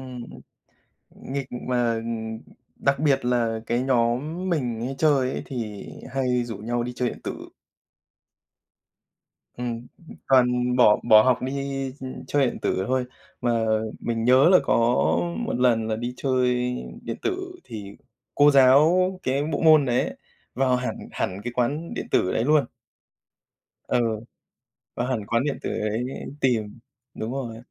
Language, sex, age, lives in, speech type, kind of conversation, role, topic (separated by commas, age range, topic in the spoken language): Vietnamese, male, 30-34, Japan, conversation, unstructured, none, Kỷ niệm nào với bạn bè khiến bạn vẫn nhớ mãi?